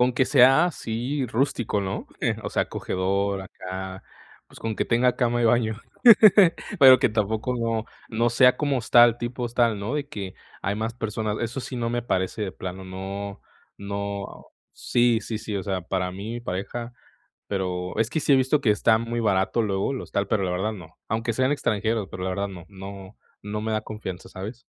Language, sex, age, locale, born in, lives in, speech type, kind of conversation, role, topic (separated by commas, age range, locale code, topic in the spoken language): Spanish, male, 20-24, es-MX, Mexico, Mexico, conversation, advice, user, ¿Cómo puedo viajar con un presupuesto ajustado sin sacrificar la diversión?